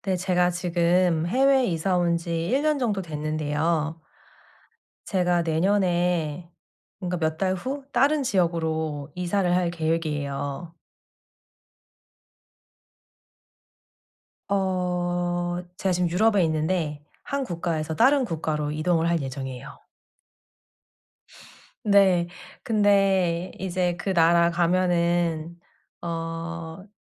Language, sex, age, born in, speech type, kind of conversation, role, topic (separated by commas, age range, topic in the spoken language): Korean, female, 35-39, South Korea, conversation, advice, user, 새로운 나라에서 일상회화 자신감을 어떻게 키울 수 있을까요?